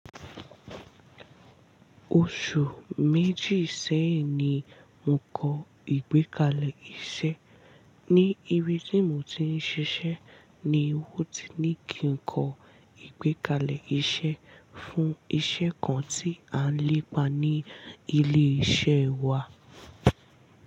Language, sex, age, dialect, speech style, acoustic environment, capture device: Yoruba, female, 18-24, Nigeria - Ibadan, relaxed, silence, mobile / Linux / Chrome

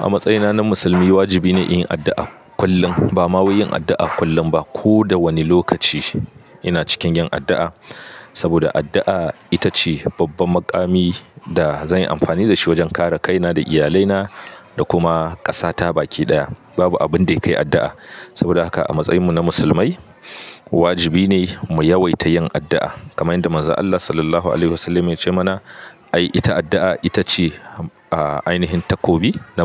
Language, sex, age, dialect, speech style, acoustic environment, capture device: Hausa, male, 25-34, Nigeria - Katsina, happy, people talking, mobile / Linux / Chrome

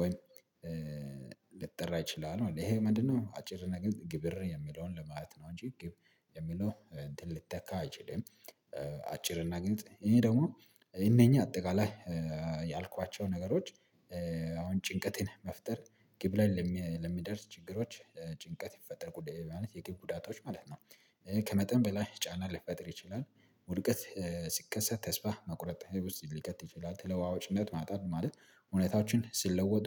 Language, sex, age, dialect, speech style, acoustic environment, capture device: Amharic, male, 25-34, Ethiopia - Addis Ababa, relaxed, nature, mobile / Linux / Chrome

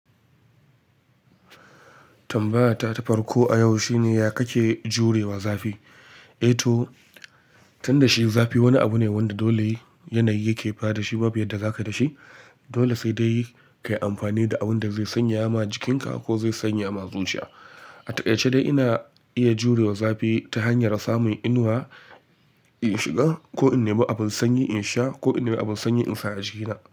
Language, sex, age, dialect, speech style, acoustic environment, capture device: Hausa, male, 25-34, Nigeria - Kano, relaxed, silence, mobile / Linux / Chrome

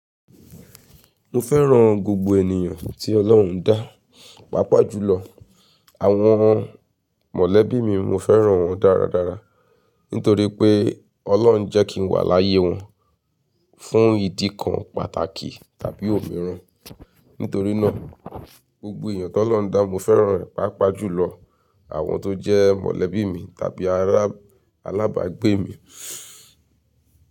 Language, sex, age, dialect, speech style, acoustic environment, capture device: Yoruba, female, 35-44, Nigeria - Nigerian Standard, focused, nature; silence, mobile / Linux / Chrome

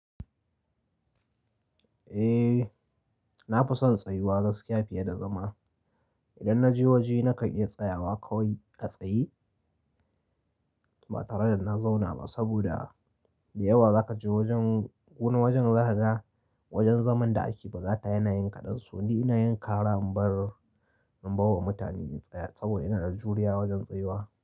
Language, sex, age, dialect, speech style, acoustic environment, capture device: Hausa, male, 25-34, Nigeria - Kano, happy; focused; nervous, nature; appliances, mobile / Linux / Chrome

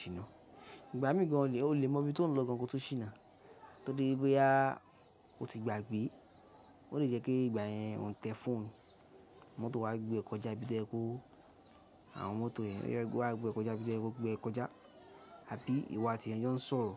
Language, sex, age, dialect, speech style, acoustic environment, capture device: Yoruba, male, 18-24, Nigeria - Lagos Yoruba, relaxed; focused, nature; people talking, mobile / Linux / Chrome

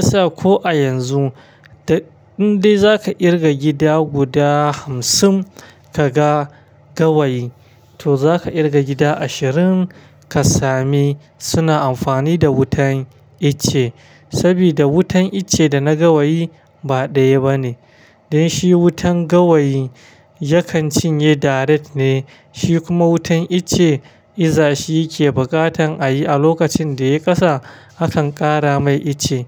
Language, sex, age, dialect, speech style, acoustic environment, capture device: Hausa, male, 25-34, Nigeria - Kano, happy; stressed, traffic; silence, mobile / Linux / Chrome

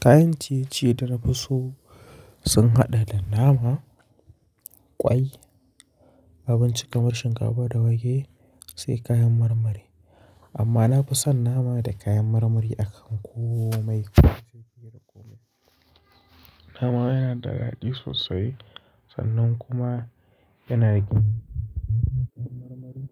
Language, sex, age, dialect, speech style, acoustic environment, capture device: Hausa, male, 25-34, Nigeria - Kano, focused, silence, mobile / Linux / Chrome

